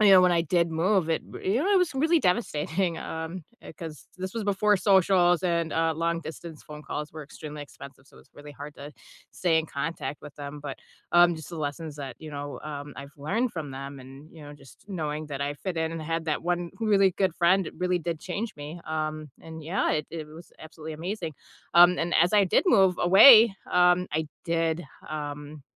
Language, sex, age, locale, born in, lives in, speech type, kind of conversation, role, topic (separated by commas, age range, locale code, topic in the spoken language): English, female, 35-39, en-US, United States, United States, conversation, unstructured, none, Which friendship quietly changed you in small, lasting ways, and how do you notice it now?